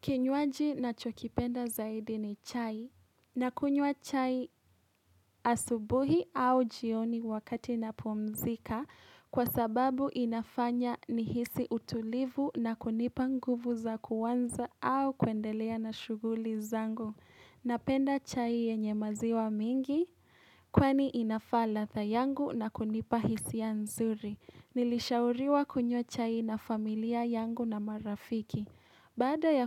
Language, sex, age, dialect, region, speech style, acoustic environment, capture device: Swahili, female, 18-24, Kenya - Nairobi Sheng-influenced, Kenya, relaxed; happy, appliances, mobile / Linux / Chrome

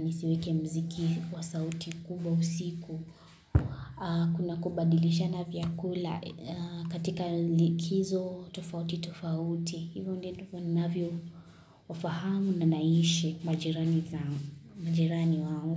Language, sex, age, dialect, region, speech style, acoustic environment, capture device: Swahili, female, 18-24, Kenya - Kitui, Kenya, relaxed; focused; excited; happy, construction, mobile / Linux / Chrome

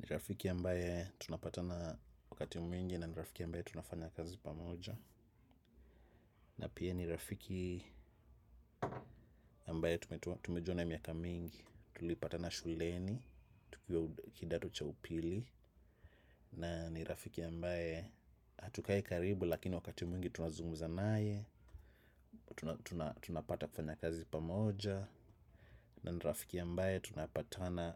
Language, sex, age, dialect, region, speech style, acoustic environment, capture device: Swahili, male, 35-44, Kenya - Nairobi Sheng-influenced, Kenya, excited; focused, silence, desktop / macOS / Chrome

